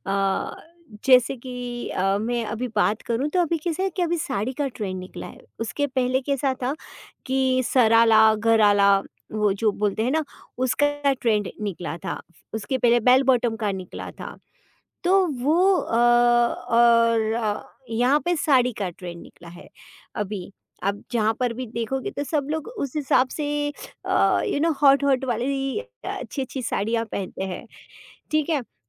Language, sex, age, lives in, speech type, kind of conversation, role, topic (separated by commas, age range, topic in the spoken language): Hindi, female, 40-44, India, conversation, podcast, guest, Tum trends follow karte ho ya apna trend banaate ho?